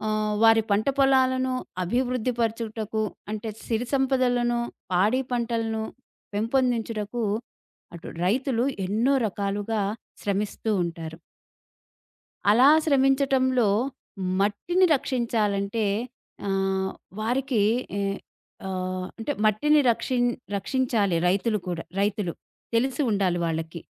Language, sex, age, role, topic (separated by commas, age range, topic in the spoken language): Telugu, female, 40-44, host, మట్టిని రక్షించేందుకు రైతులు ఏ మార్పులు చేయాలి అని మీరు భావిస్తున్నారు?